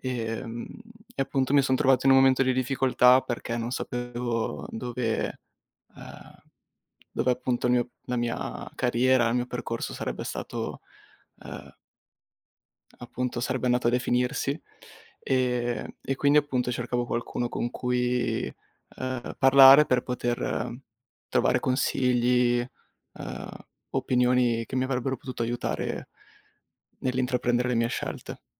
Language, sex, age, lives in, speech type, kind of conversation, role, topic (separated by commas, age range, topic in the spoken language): Italian, male, 20-24, Italy, conversation, advice, user, Come posso chiarire il mio percorso di carriera e prendere decisioni professionali più consapevoli?